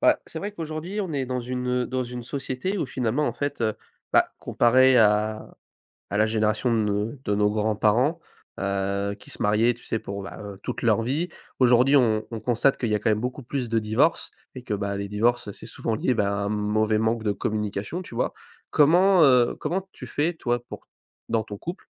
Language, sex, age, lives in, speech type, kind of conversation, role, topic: French, male, 20-24, France, conversation, podcast, host, Comment gères-tu les conversations difficiles au quotidien ?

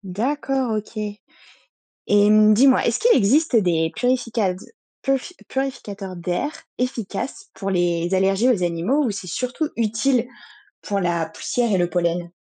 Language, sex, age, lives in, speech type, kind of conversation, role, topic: French, female, 30-34, France, read, expert-assistant, asker, Est-il vrai que certaines races de chiens ou de chats sont hypoallergéniques, ou s'agit-il d'un mythe largement répandu ?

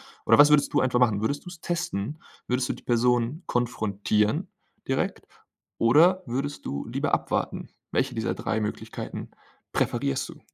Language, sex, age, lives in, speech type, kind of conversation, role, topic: German, male, 25-29, Germany, conversation, podcast, host, Wie erkennst du, ob Vertrauen echt oder gespielt ist?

